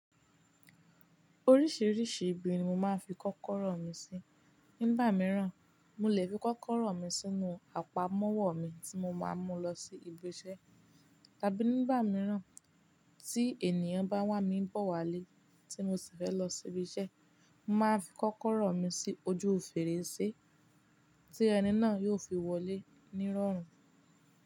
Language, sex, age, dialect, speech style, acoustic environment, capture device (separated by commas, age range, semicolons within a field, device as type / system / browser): Yoruba, female, 18-24, Nigeria - Ibadan, focused, silence; nature, mobile / Linux / Chrome